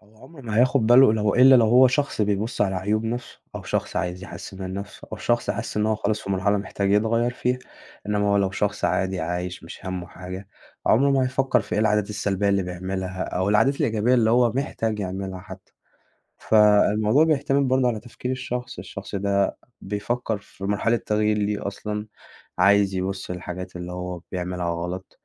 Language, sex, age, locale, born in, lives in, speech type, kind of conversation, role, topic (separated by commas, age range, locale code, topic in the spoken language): Arabic, male, 20-24, ar-EG, Egypt, Egypt, conversation, podcast, guest, إيه العادات الصغيرة اللي غيّرت صحتك للأحسن؟